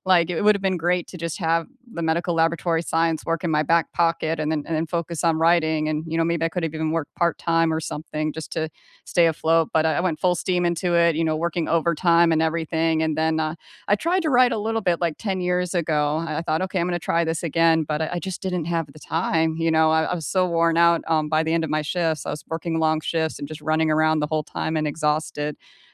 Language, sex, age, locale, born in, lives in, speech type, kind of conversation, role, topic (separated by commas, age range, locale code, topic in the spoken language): English, female, 40-44, en-US, United States, United States, conversation, unstructured, none, Which teacher inspired you most, what did they spark in you, and how did it shape you?